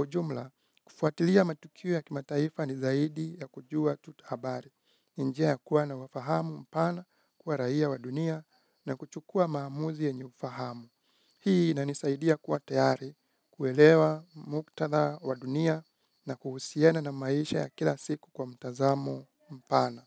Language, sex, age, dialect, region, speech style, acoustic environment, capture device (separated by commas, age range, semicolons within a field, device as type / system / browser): Swahili, male, 25-34, Kenya - Nairobi Sheng-influenced, Kenya, focused, nature, mobile / Linux / Chrome